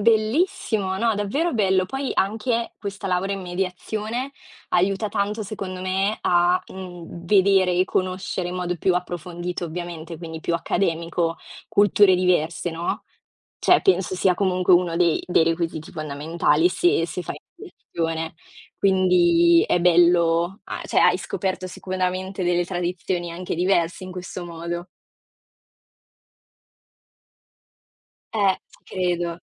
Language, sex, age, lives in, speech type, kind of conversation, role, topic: Italian, female, 20-24, Italy, conversation, unstructured, none, Come festeggi le tradizioni della tua famiglia?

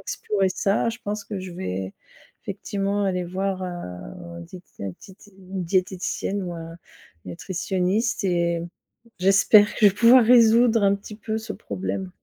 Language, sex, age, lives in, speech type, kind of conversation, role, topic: French, female, 50-54, France, conversation, advice, user, Comment te sens-tu lorsque ton corps ou ton apparence te décourage ?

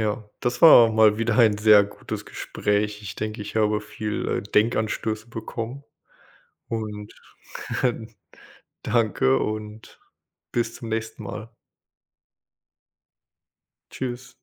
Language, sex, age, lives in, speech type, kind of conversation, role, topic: German, male, 25-29, United States, conversation, unstructured, none, Wie hat die Erfindung des Rades die Geschichte geprägt?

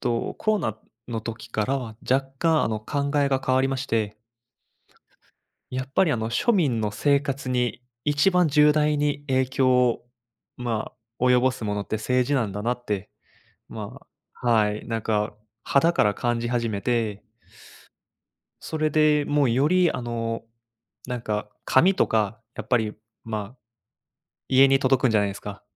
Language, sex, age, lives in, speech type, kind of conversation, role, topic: Japanese, male, 25-29, Japan, conversation, unstructured, none, 選挙に行く理由は何だと思いますか？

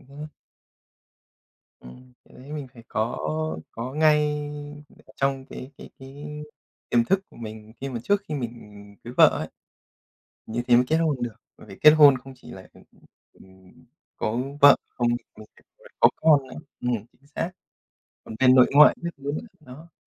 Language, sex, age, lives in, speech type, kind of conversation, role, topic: Vietnamese, male, 30-34, Japan, conversation, unstructured, none, Có nên kiểm soát nhau trong tình yêu không?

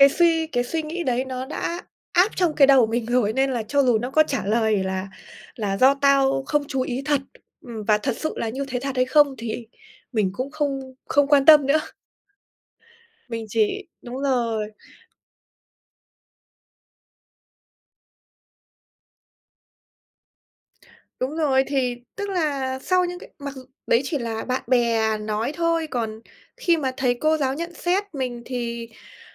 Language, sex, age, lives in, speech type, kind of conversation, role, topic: Vietnamese, female, 25-29, Vietnam, conversation, podcast, guest, Bạn vượt qua nỗi sợ bị đánh giá như thế nào khi bày tỏ bản thân?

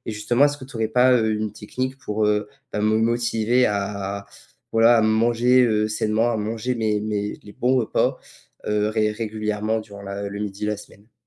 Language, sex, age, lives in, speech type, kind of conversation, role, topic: French, male, 18-19, France, conversation, advice, user, Comment puis-je manger régulièrement des repas équilibrés au lieu de grignoter tout le temps ?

